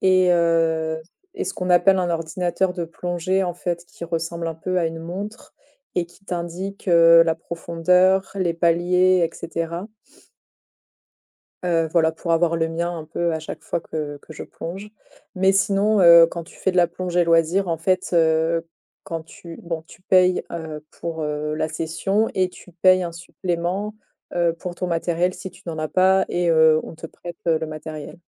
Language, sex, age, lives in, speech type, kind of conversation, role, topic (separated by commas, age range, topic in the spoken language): French, female, 30-34, France, conversation, podcast, guest, Quel nouveau loisir as-tu commencé récemment ?